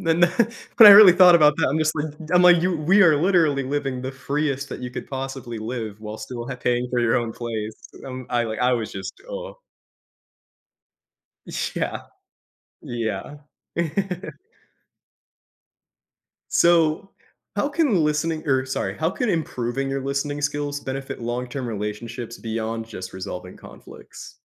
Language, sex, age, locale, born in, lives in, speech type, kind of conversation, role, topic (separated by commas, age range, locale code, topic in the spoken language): English, male, 25-29, en-US, United States, United States, conversation, podcast, host, How can active listening help build understanding and trust during disagreements?